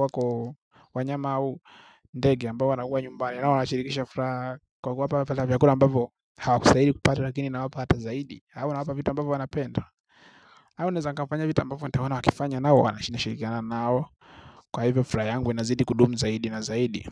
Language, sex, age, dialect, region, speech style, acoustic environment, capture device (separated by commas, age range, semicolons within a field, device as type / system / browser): Swahili, male, 25-34, Tanzania - Dar es Salaam, Tanzania, happy; focused; relaxed, silence, mobile / Linux / Chrome